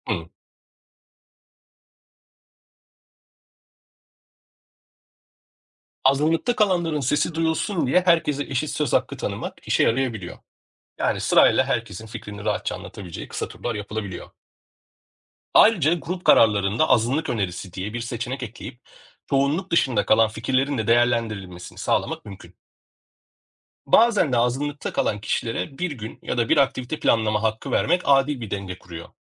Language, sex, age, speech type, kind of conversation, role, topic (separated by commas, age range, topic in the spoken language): Turkish, male, 40-44, read, expert-assistant, expert, Grup tatilleri daha adil ve esnek bir şekilde nasıl planlanabilir?